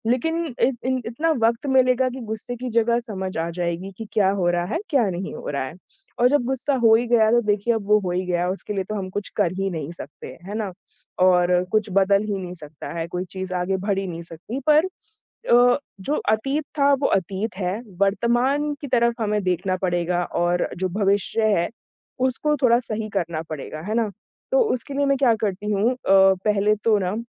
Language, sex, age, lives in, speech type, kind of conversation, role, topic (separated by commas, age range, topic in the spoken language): Hindi, female, 20-24, India, conversation, podcast, guest, गुस्सा आने पर आप खुद को कैसे संभालते हैं?